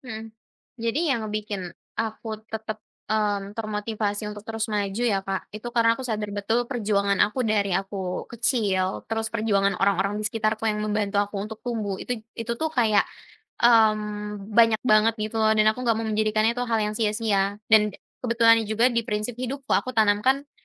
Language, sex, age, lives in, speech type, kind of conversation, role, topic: Indonesian, female, 20-24, Indonesia, conversation, podcast, guest, Bagaimana cara kamu tetap termotivasi saat merasa jenuh?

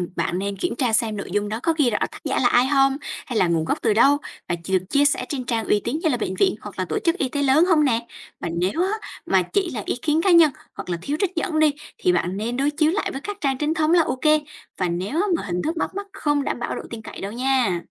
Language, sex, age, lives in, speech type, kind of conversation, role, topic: Vietnamese, female, 20-24, Vietnam, read, expert-assistant, expert, Làm sao tìm thông tin sức khỏe cộng đồng thật sự hữu ích giữa vô vàn tin trên mạng?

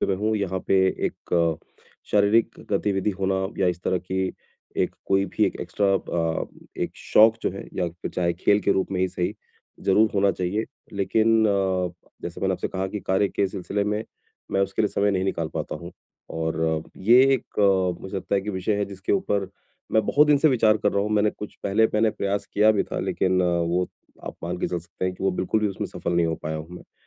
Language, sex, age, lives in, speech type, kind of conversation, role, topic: Hindi, male, 40-44, India, conversation, advice, user, मुझे नए शौक खोजने और उन्हें बनाए रखने में क्या मदद कर सकता है?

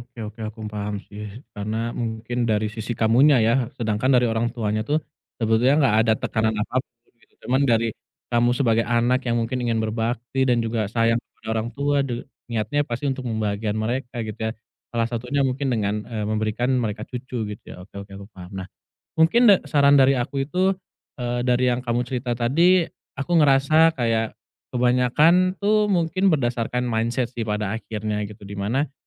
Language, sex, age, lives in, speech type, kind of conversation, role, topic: Indonesian, male, 30-34, Indonesia, conversation, advice, advisor, Bagaimana cara menerima harapan yang tidak terpenuhi dalam hubungan?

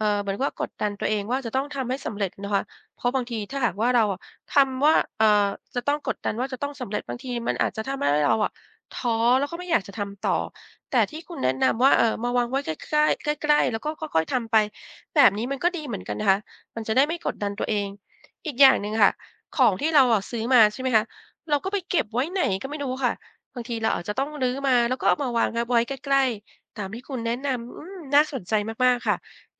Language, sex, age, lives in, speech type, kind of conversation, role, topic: Thai, female, 50-54, Thailand, conversation, advice, user, จะจัดสรรเวลาอย่างไรให้ได้ทำงานสร้างสรรค์ทั้งที่มีเวลาน้อยจากงานประจำ?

